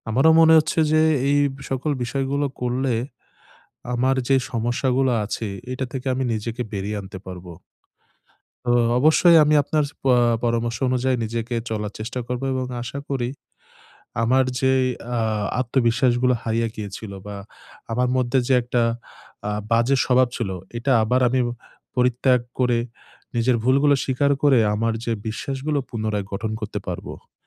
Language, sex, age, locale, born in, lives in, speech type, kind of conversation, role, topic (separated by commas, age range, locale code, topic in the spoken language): Bengali, male, 25-29, bn-BD, Bangladesh, Bangladesh, conversation, advice, user, আমি কীভাবে আমার পেশাগত ভুল স্বীকার করে দলের সঙ্গে আবার বিশ্বাস গড়তে পারি?